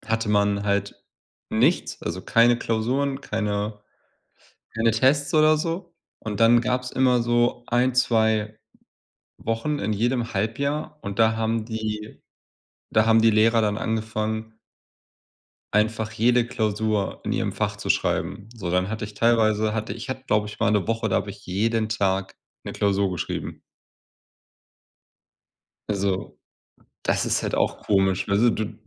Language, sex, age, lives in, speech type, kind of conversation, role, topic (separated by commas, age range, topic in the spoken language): German, male, 30-34, Germany, conversation, unstructured, none, Ist das Schulsystem deiner Meinung nach zu altmodisch?